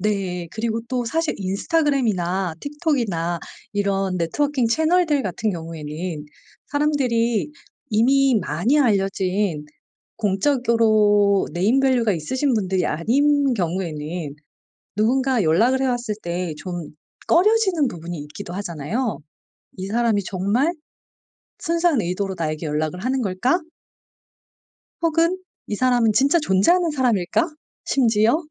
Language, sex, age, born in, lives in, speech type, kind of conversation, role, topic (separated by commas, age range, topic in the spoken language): Korean, female, 40-44, South Korea, South Korea, conversation, advice, advisor, 조직 내에서 네트워킹을 통해 관계를 효과적으로 구축하려면 어떻게 해야 하나요?